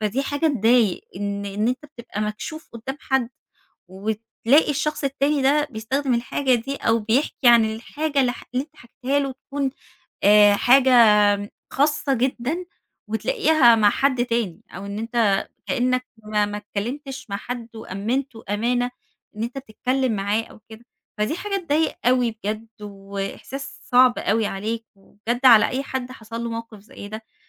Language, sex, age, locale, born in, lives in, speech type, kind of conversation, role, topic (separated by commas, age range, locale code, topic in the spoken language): Arabic, female, 30-34, ar-EG, Egypt, Egypt, conversation, advice, advisor, إزاي أقدر أوصف خوفي من إني أكشف جوانب من هويتي الحقيقية؟